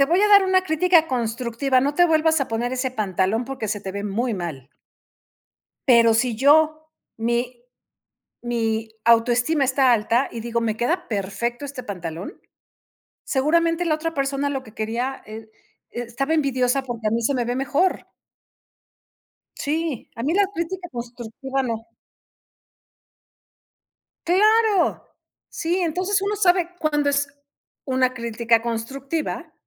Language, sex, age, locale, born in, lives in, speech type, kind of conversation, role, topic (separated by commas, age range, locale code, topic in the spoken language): Spanish, female, 55-59, es-MX, Mexico, Mexico, conversation, unstructured, none, ¿Qué haces cuando alguien critica tu forma de hacer las cosas?